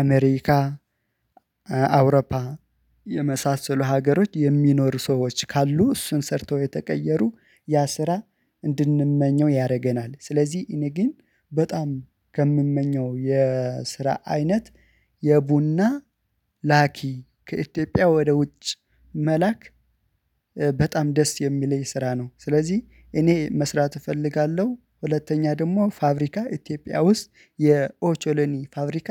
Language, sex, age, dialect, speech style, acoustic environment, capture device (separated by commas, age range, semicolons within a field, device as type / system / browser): Amharic, male, 25-34, Ethiopia - Addis Ababa, focused; excited, silence, mobile / Linux / Chrome